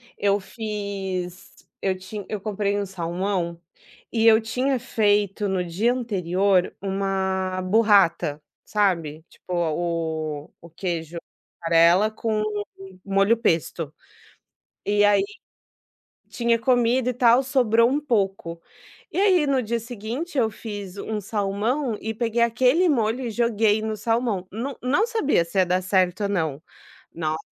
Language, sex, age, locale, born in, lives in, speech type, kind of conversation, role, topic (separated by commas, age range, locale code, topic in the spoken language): Portuguese, female, 30-34, pt-BR, Brazil, Portugal, conversation, podcast, guest, Qual é o seu segredo para deixar a comida caseira mais saborosa?